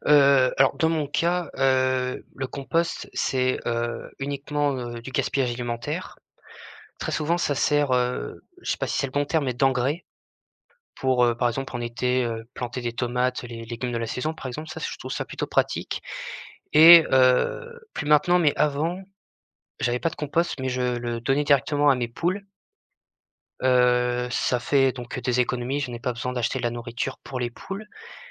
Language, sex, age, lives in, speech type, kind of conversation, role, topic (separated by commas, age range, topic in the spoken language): French, male, 20-24, France, conversation, podcast, guest, Comment réduis-tu tes déchets au quotidien ?